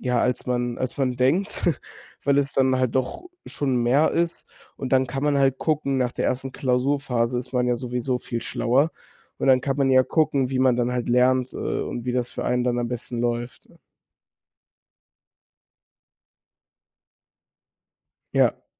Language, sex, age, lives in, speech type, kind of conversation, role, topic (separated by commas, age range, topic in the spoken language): German, male, 18-19, Germany, conversation, podcast, guest, Wie motivierst du dich fürs Lernen, wenn es schwierig wird?